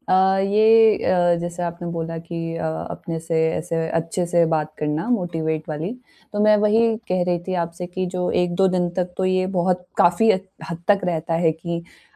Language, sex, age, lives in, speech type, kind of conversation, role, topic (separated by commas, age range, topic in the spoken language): Hindi, female, 20-24, India, conversation, advice, user, मैं अपनी अंदर की आलोचनात्मक आवाज़ से कैसे दयालुता और समझदारी से निपटूँ?